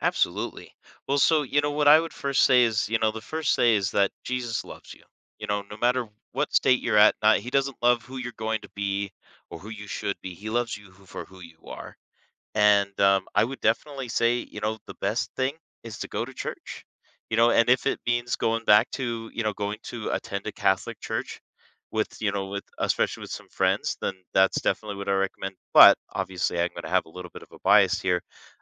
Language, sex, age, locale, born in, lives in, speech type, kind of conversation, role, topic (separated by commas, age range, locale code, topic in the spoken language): English, male, 30-34, en-US, United States, United States, conversation, podcast, guest, How have your beliefs shaped your values and experiences over time?